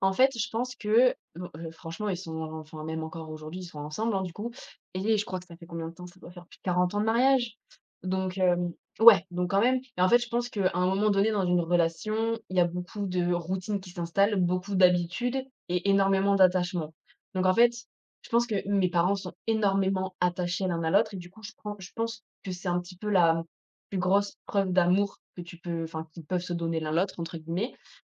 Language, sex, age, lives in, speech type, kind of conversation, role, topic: French, female, 25-29, France, conversation, podcast, guest, Comment ta famille parle-t-elle du mariage et des relations ?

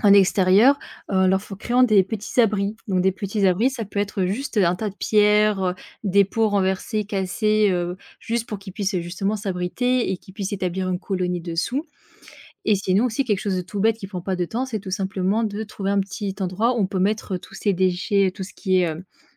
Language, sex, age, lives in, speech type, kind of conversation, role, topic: French, female, 25-29, France, conversation, podcast, guest, Parle-moi d’une tradition familiale qui te tient vraiment à cœur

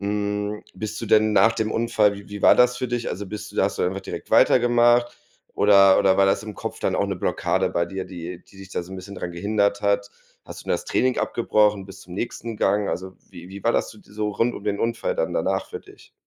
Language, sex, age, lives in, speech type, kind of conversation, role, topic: German, male, 30-34, Germany, conversation, advice, advisor, Wie kann ich meine Angst vor Verletzungen oder Schmerzen beim Sport überwinden?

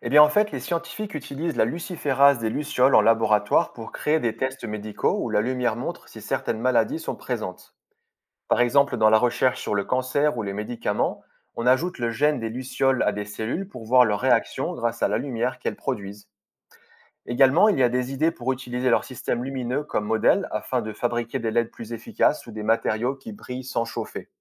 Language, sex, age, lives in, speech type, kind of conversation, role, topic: French, male, 35-39, France, read, expert-assistant, expert, Comment les lucioles produisent-elles de la lumière ?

